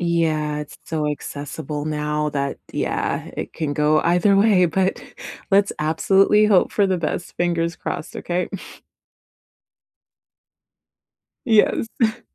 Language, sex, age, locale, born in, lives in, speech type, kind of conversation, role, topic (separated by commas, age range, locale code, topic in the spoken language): English, female, 35-39, en-CA, Canada, Canada, conversation, unstructured, none, What tool or app has changed your workday recently?